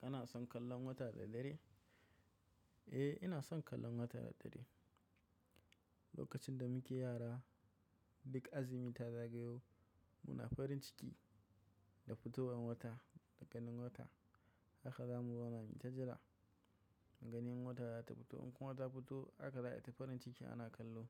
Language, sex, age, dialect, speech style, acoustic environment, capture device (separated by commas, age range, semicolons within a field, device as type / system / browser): Hausa, male, 25-34, Nigeria - Kano, tired, silence, mobile / macOS / Safari